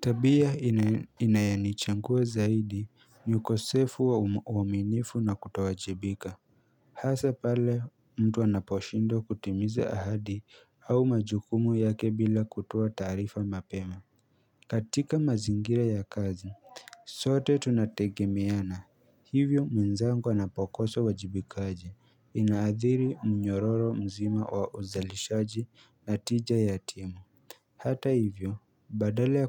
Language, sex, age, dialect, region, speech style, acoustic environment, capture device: Swahili, male, 18-24, Kenya - Nairobi Sheng-influenced, Kenya, happy, silence, mobile / Linux / Chrome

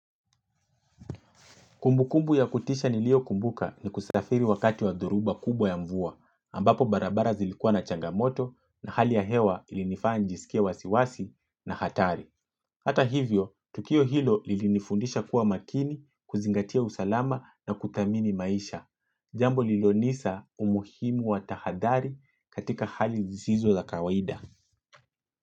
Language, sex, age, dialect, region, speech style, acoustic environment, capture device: Swahili, male, 25-34, Kenya - Mombasa, Kenya, focused, silence, mobile / Linux / Chrome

